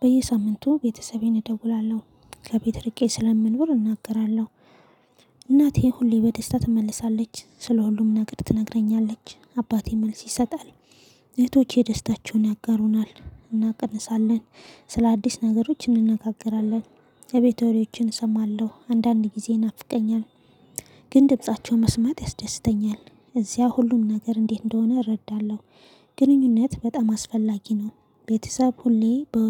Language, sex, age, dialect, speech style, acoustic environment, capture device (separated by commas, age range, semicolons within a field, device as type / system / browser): Amharic, female, 25-34, Ethiopia - Addis Ababa, excited, silence, mobile / Linux / Chrome